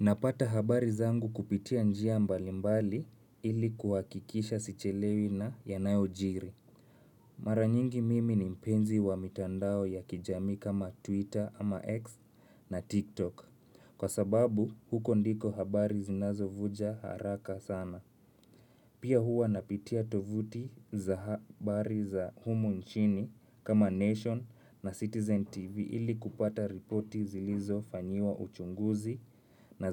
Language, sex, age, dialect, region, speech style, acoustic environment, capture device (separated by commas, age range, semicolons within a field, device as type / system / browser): Swahili, male, 25-34, Kenya - Nairobi Sheng-influenced, Kenya, nervous; tired, nature, mobile / Linux / Chrome